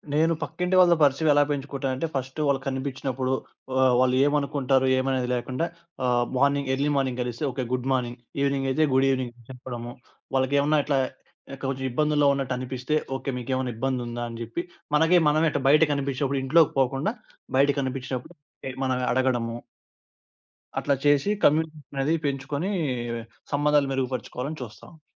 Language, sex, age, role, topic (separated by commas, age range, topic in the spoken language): Telugu, male, 20-24, guest, పక్కింటివారితో మీ సంబంధాలను ఎలా మరింత మెరుగుపరచుకుంటారు?